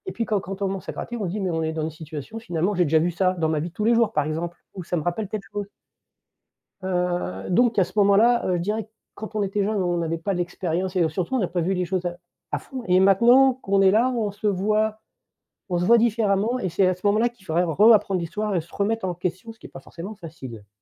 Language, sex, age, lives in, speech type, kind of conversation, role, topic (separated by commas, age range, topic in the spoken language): French, male, 50-54, France, conversation, unstructured, none, Comment l’histoire peut-elle nous aider à éviter de répéter les erreurs du passé ?